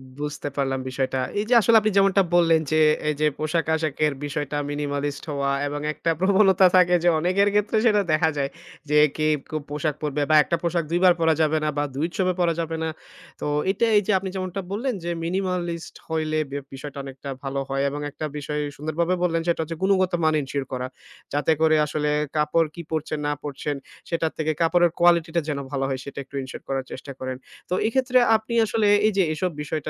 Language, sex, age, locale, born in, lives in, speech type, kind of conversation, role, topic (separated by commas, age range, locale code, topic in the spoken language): Bengali, male, 25-29, bn-BD, Bangladesh, Bangladesh, conversation, podcast, host, তুমি কি পোশাকের ক্ষেত্রে মিনিমালিস্ট হতে পছন্দ করো?